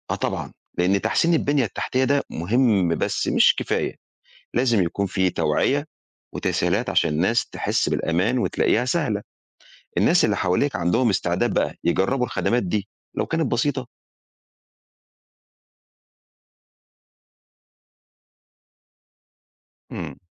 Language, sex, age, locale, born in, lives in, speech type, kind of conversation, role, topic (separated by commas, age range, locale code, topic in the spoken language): Arabic, male, 45-49, ar-EG, Egypt, Egypt, read, expert-assistant, expert, لو لقيت إن إجراءات استخراج أوراق رسمية في جهة حكومية بقت أبطأ من المعتاد، إزاي ممكن نشخص المشكلة؟ هل هي بسبب التكنولوجيا ولا نقص الموظفين ولا حاجة تانية؟